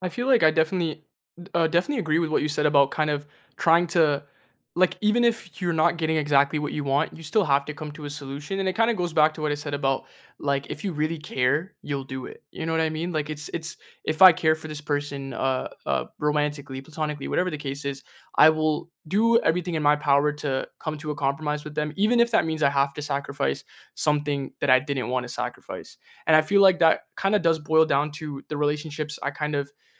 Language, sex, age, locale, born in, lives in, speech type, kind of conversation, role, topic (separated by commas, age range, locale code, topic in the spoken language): English, male, 20-24, en-CA, Canada, Canada, conversation, unstructured, none, How can you create fair, flexible relationship roles and expectations that strengthen your connection today?